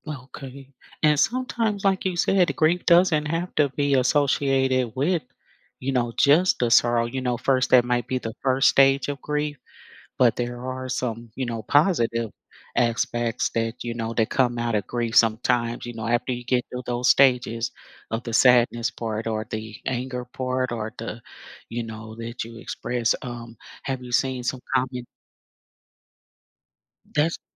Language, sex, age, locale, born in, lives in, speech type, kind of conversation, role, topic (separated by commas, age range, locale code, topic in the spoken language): English, female, 55-59, en-US, United States, United States, conversation, podcast, host, How do cultural traditions shape the way people experience and express grief?